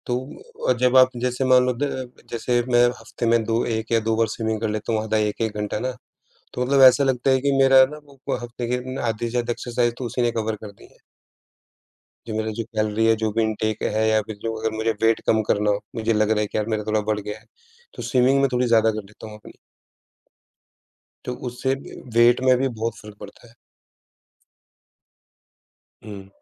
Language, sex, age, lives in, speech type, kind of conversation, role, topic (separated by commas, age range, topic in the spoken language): Hindi, male, 35-39, India, conversation, unstructured, none, क्या फिट रहने के लिए जिम जाना ज़रूरी है या घर पर रहकर भी फिट रहा जा सकता है?